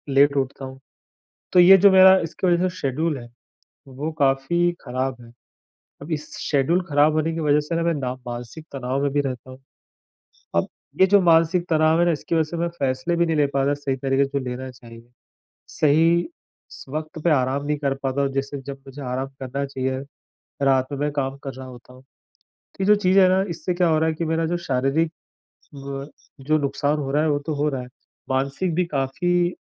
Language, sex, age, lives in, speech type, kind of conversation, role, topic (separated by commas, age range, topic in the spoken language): Hindi, male, 35-39, India, conversation, advice, user, क्या आपका काम और आराम का संतुलन बिगड़ रहा है और आपको जलन महसूस हो रही है?